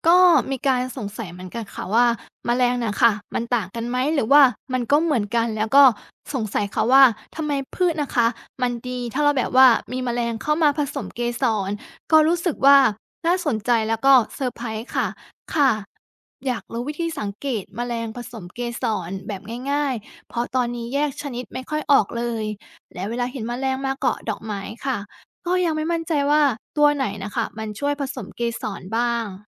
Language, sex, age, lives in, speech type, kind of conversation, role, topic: Thai, female, 30-34, Thailand, read, expert-assistant, asker, แมลงผสมเกสรมีบทบาทสำคัญอย่างไรต่อธรรมชาติ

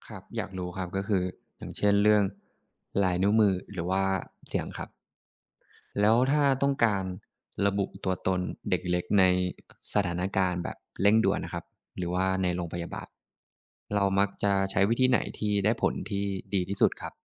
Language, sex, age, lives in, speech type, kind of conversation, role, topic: Thai, male, 25-29, Thailand, read, expert-assistant, asker, ทำไมคนเราจึงมีลายนิ้วมือไม่เหมือนกัน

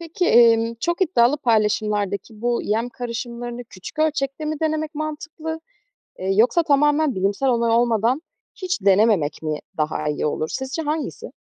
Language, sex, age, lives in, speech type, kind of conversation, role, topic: Turkish, female, 25-29, Germany, read, expert-assistant, asker, Hayvancılıkla ilgili pratik bilgileri ve güncel gelişmeleri nereden takip edebilirim?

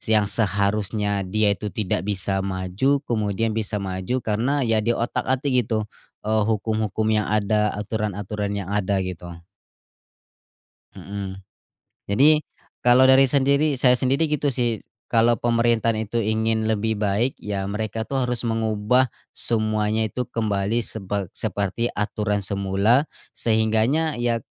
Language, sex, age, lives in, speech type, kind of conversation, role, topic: Indonesian, male, 25-29, Indonesia, conversation, unstructured, none, Apa pendapat kamu tentang kebijakan pemerintah yang kontroversial?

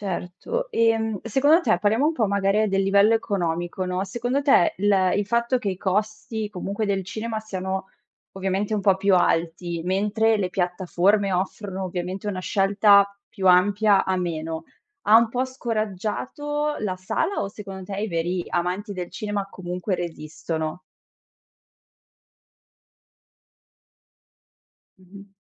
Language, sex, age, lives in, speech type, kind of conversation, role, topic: Italian, female, 25-29, Italy, conversation, podcast, host, Come cambia l’esperienza di andare al cinema rispetto a guardare un film a casa?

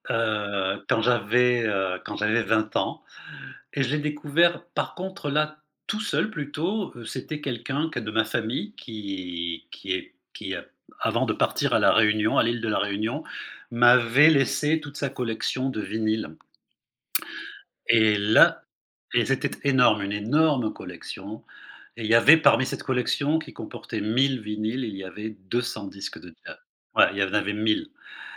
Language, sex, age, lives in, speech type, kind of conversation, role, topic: French, male, 55-59, Spain, conversation, podcast, guest, Comment ton entourage a-t-il fait évoluer tes goûts musicaux ?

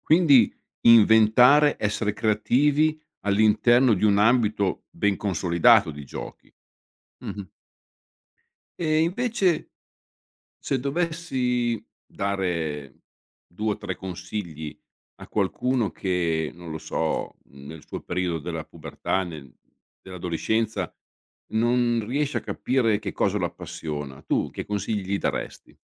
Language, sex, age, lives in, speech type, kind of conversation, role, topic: Italian, male, 55-59, Italy, conversation, podcast, host, Come hai scoperto che cosa ti appassiona davvero nella vita?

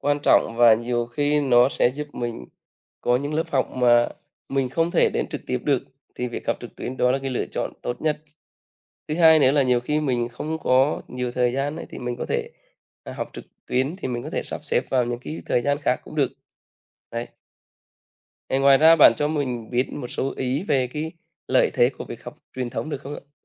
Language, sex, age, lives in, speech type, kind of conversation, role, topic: Vietnamese, male, 35-39, Vietnam, conversation, unstructured, none, Bạn nghĩ thế nào về việc học trực tuyến so với học truyền thống?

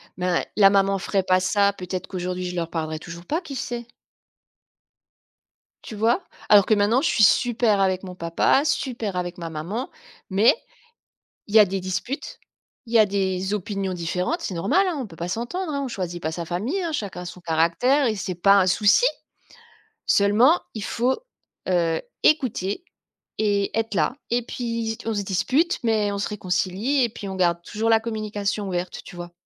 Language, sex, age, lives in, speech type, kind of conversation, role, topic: French, female, 45-49, France, conversation, podcast, guest, Comment instaurer un véritable dialogue entre parents et enfants ?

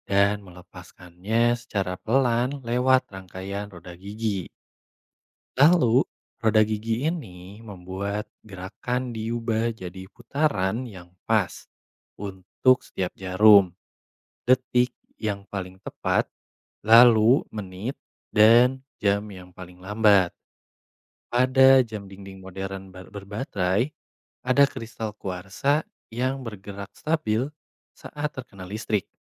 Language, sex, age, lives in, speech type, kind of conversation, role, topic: Indonesian, male, 25-29, Indonesia, read, expert-assistant, expert, Bagaimana cara kerja jam dinding menunjukkan waktu?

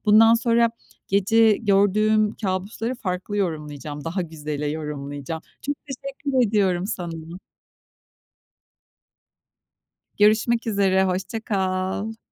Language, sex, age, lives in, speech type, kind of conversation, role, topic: Turkish, female, 45-49, Spain, conversation, advice, user, Kâbuslar yüzünden uyku kalitem bozuluyor; ne yapabilirim?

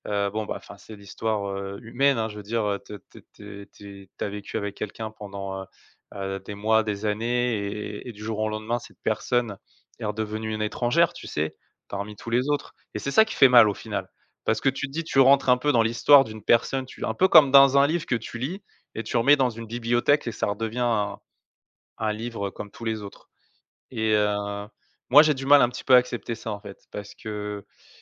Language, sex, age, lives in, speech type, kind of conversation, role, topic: French, male, 35-39, France, conversation, advice, user, Comment décririez-vous la distance émotionnelle dans votre relation ?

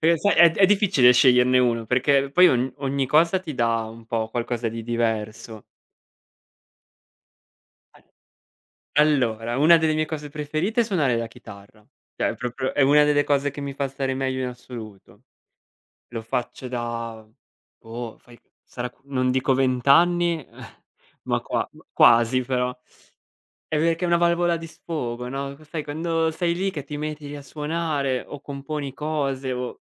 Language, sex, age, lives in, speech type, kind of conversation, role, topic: Italian, male, 25-29, Italy, conversation, unstructured, none, Qual è il tuo hobby preferito e perché ti piace così tanto?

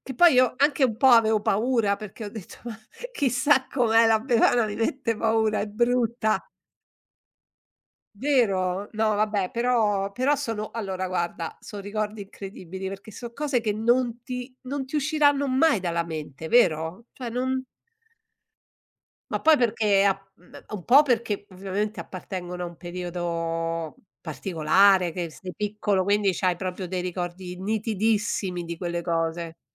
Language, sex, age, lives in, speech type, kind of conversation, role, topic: Italian, female, 60-64, Italy, conversation, unstructured, none, Quale festa culturale ti piace di più e perché?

